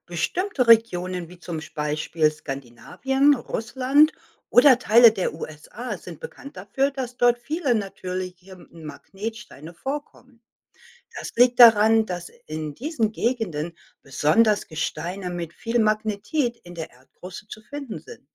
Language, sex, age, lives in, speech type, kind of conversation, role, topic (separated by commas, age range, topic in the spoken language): German, female, 55-59, United States, read, expert-assistant, expert, Warum sind manche Steine magnetisch?